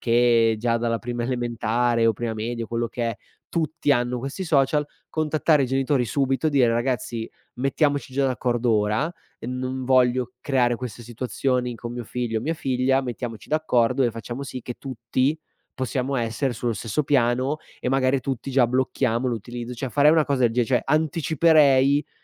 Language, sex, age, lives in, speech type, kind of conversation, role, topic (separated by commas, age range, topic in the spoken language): Italian, male, 25-29, Italy, conversation, podcast, guest, Come usare i social senza perdere il contatto umano?